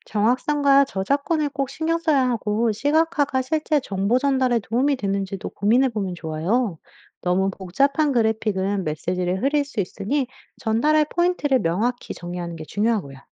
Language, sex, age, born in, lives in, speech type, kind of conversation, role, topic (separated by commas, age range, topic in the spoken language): Korean, female, 35-39, United States, United States, read, expert-assistant, expert, 기자로 일하면서 동기와 열정을 잃지 않으려면 어떻게 해야 할까요?